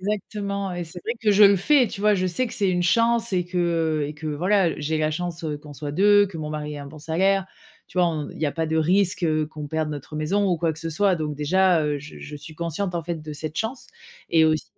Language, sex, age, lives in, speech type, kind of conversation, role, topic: French, female, 35-39, France, conversation, advice, user, Comment gérer une perte d’emploi soudaine et l’incertitude sur mon avenir financier ?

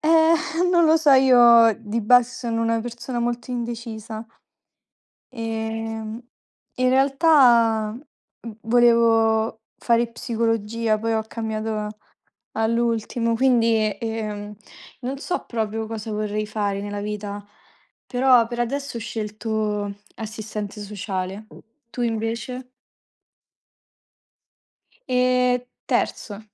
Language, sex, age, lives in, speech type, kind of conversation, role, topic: Italian, female, 20-24, Italy, conversation, unstructured, none, Come immagini la tua vita fra dieci anni?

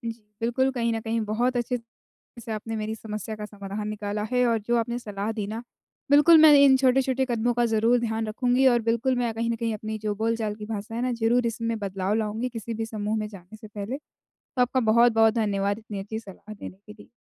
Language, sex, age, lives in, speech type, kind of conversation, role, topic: Hindi, female, 20-24, India, conversation, advice, user, समूह में बातचीत शुरू करने में मुझे क्या मदद कर सकती है?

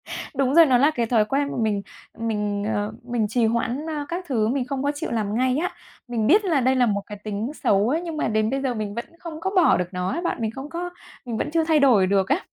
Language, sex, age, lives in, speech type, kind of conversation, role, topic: Vietnamese, female, 35-39, Vietnam, conversation, advice, user, Vì sao bạn trì hoãn việc bắt đầu một công việc quan trọng, và bạn có thể làm gì để bắt đầu ngay?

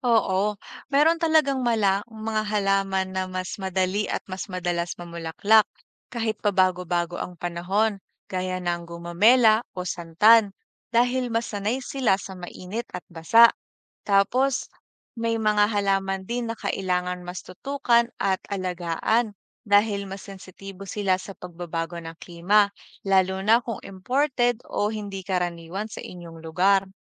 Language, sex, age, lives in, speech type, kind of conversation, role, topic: Filipino, female, 25-29, Philippines, read, expert-assistant, expert, Bakit may mga halaman na namumulaklak lang sa isang panahon?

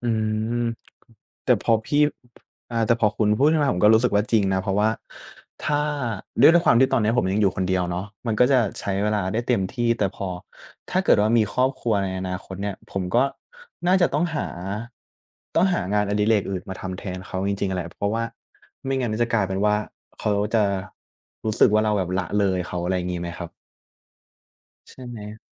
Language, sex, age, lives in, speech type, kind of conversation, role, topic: Thai, male, 20-24, Thailand, conversation, unstructured, none, คุณชอบทำกิจกรรมอะไรในเวลาว่างมากที่สุด?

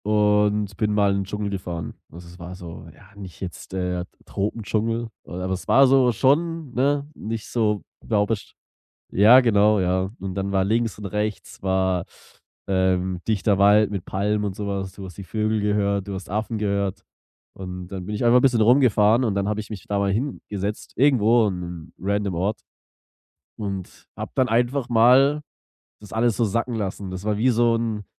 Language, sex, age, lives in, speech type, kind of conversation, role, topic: German, male, 25-29, Germany, conversation, podcast, guest, Wie lehrt dich die Natur, langsamer zu werden?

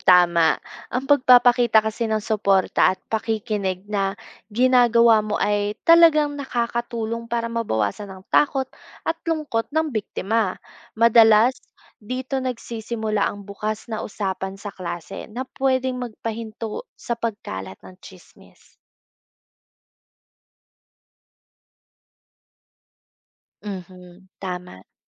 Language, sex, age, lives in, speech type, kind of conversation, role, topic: Filipino, female, 25-29, Philippines, read, expert-assistant, expert, Paano mapipigilan ang pagkalat ng tsismis sa paaralan?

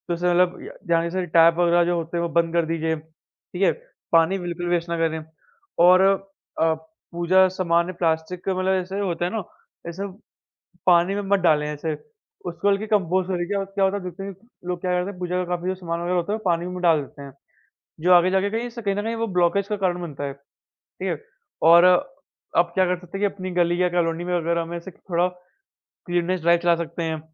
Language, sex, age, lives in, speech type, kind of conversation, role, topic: Hindi, male, 45-49, India, conversation, unstructured, none, जल प्रदूषण को कम करने के लिए हम क्या कर सकते हैं?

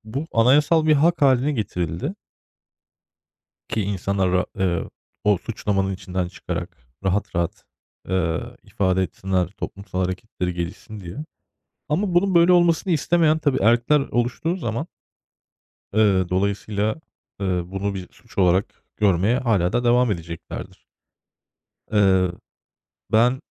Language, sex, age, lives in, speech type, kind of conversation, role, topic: Turkish, male, 35-39, Germany, conversation, unstructured, none, Siyasi protestolar haklı mı?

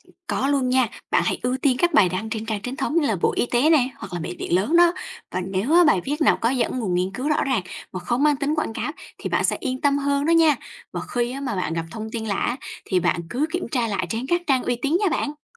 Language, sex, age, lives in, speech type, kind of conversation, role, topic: Vietnamese, female, 20-24, Vietnam, read, expert-assistant, expert, Làm sao tìm thông tin sức khỏe cộng đồng thật sự hữu ích giữa vô vàn tin trên mạng?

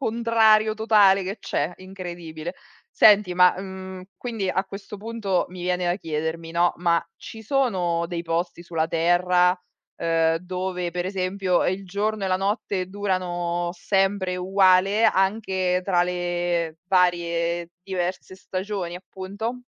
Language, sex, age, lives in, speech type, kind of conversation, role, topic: Italian, female, 35-39, Italy, read, expert-assistant, asker, Perché i giorni e le notti hanno durata diversa nelle stagioni?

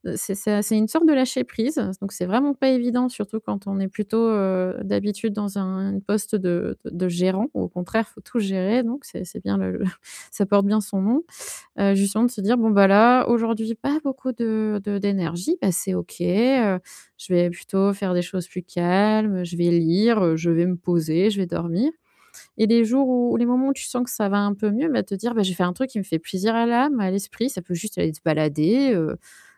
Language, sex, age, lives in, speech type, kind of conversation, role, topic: French, female, 30-34, France, conversation, advice, advisor, Comment puis-je mieux gérer ma fatigue et mes fluctuations d’énergie ?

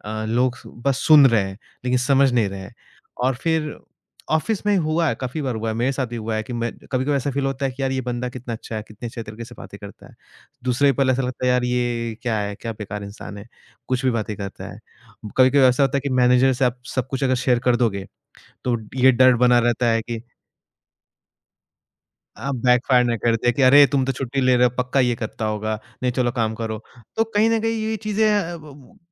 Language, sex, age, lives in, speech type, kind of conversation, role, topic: Hindi, male, 25-29, India, conversation, podcast, guest, Tum apni kamzoriyaan saamne rakhne mein kyun hichkichate ho?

